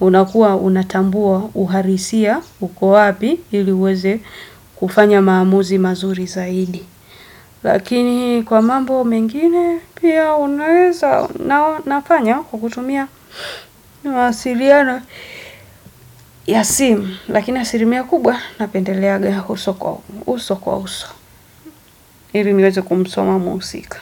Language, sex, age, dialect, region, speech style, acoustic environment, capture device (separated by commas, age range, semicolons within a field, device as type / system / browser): Swahili, female, 25-34, Tanzania - Dar es Salaam, Tanzania, focused, silence, desktop / Windows / Edge